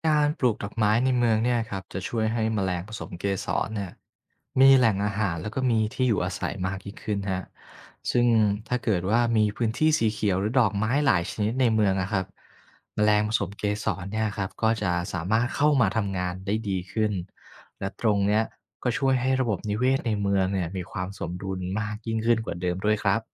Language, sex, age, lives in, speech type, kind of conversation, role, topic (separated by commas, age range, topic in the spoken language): Thai, male, 25-29, Thailand, read, expert-assistant, expert, แมลงผสมเกสรมีบทบาทสำคัญอย่างไรต่อธรรมชาติ